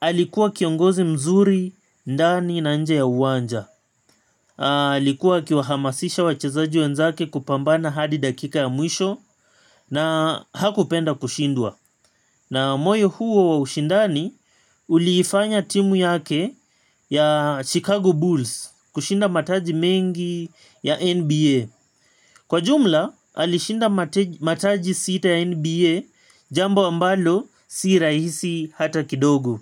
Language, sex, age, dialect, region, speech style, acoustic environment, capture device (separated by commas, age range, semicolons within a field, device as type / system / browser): Swahili, male, 25-34, Kenya - Mombasa, Kenya, relaxed, nature; appliances, mobile / Linux / Chrome